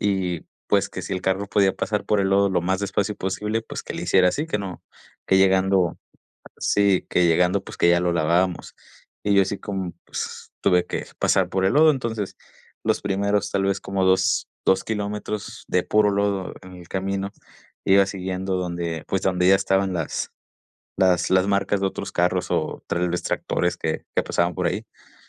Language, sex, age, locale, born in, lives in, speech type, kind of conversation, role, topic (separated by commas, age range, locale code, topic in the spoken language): Spanish, male, 20-24, es-MX, Mexico, Mexico, conversation, podcast, guest, ¿Cuál fue tu viaje más inolvidable y por qué?